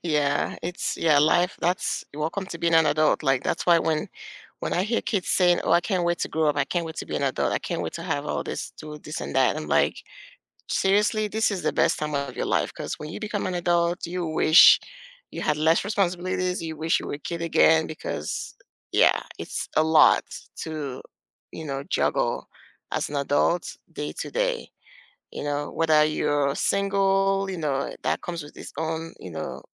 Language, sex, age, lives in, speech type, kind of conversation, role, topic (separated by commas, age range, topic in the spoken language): English, female, 40-44, United States, conversation, unstructured, none, Which past hobby have you picked back up, and what keeps it meaningful now?